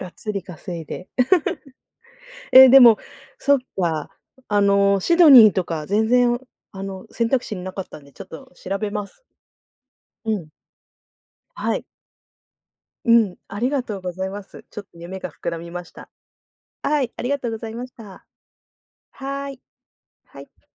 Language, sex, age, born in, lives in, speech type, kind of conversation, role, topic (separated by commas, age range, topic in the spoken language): Japanese, female, 40-44, Japan, Japan, conversation, unstructured, none, 将来、どんな場所に住みたいですか？